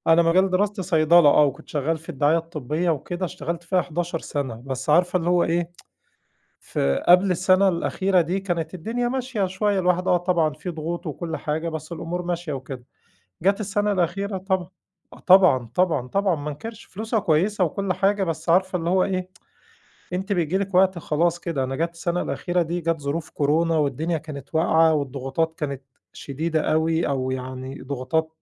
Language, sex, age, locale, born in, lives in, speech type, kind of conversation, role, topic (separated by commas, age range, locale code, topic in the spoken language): Arabic, male, 40-44, ar-EG, Egypt, Egypt, conversation, podcast, host, إيه أول قرار غيّر مجرى حياتك؟